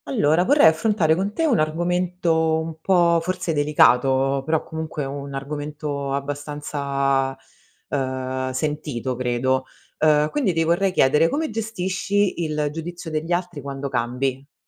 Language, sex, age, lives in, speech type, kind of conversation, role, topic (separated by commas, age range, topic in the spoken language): Italian, female, 35-39, Italy, conversation, podcast, host, Come gestisci il giudizio degli altri quando cambi?